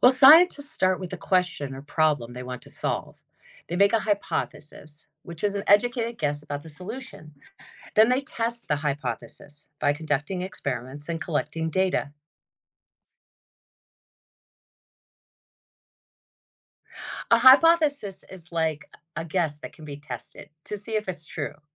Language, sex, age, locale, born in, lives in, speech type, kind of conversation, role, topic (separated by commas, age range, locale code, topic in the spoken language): English, female, 50-54, en-US, United States, United States, read, expert-assistant, expert, How do scientists use the scientific method to solve problems?